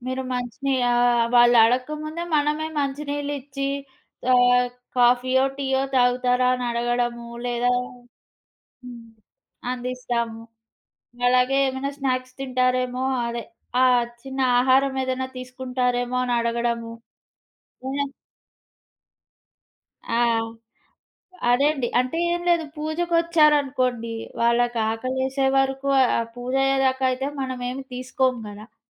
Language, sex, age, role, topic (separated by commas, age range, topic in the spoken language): Telugu, female, 25-29, guest, మీ ఇంట్లో తరతరాలుగా కొనసాగుతున్న ఆచారం ఏది?